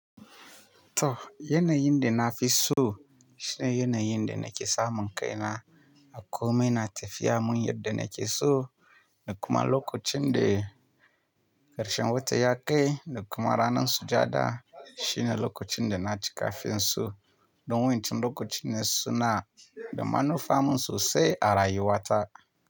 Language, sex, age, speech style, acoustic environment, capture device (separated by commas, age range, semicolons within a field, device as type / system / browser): Hausa, male, 25-34, relaxed; happy, silence; nature, mobile / Linux / Chrome